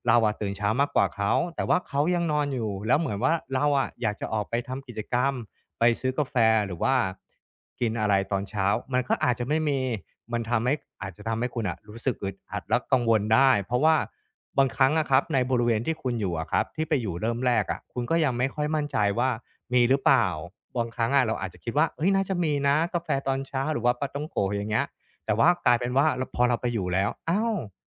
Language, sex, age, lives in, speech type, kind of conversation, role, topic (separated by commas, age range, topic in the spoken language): Thai, male, 35-39, Thailand, conversation, advice, advisor, ฉันจะทำอย่างไรให้รู้สึกสบายขึ้นเมื่อรู้สึกกังวลเวลาต้องไปสถานที่ใหม่?